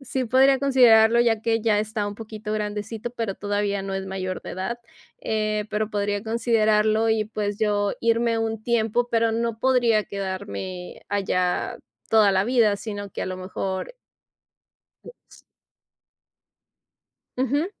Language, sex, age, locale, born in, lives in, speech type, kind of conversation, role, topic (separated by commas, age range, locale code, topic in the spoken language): Spanish, female, 35-39, es-MX, Mexico, Mexico, conversation, advice, user, ¿Cómo puedo adaptarme a una nueva cultura al mudarme a otro país por trabajo o por mi pareja?